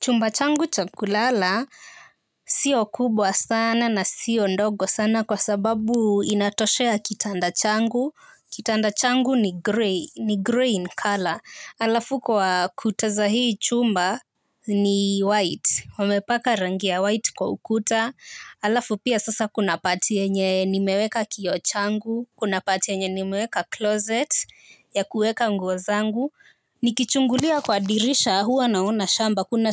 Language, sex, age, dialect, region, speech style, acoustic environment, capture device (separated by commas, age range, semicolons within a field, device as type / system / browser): Swahili, female, 18-24, Kenya - Nairobi Sheng-influenced, Kenya, happy, nature, mobile / Linux / Chrome